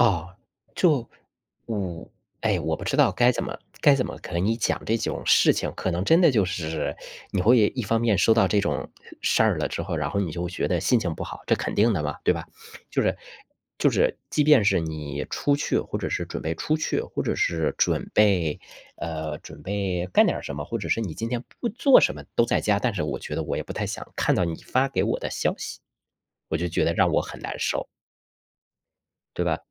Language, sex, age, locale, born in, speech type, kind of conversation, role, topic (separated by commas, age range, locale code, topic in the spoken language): Chinese, male, 35-39, zh-CN, China, conversation, unstructured, none, 如果工资和工作量不匹配，你会选择忍受吗？